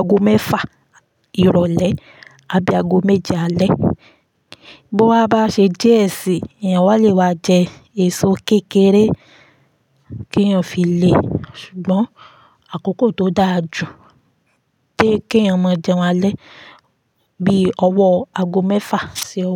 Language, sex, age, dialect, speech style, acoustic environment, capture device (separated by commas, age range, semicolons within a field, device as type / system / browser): Yoruba, female, 25-34, Nigeria - Ibadan, focused; happy, silence, mobile / Linux / Chrome